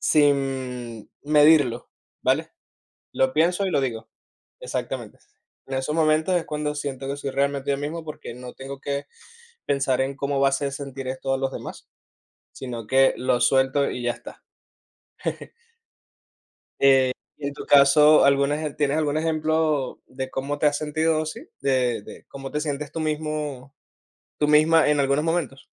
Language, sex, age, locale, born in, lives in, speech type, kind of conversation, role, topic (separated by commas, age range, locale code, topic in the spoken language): Spanish, male, 30-34, es-VE, Venezuela, Spain, conversation, unstructured, none, ¿Qué te hace sentir más auténtico contigo mismo?